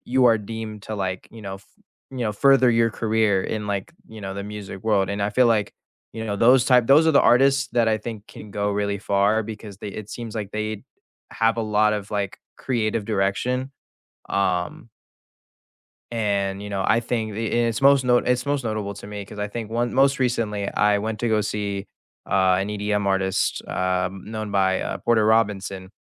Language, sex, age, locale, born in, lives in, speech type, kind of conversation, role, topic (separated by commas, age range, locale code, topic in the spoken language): English, male, 18-19, en-US, United States, United States, conversation, unstructured, none, Which new artists or creators are you excited to follow this year?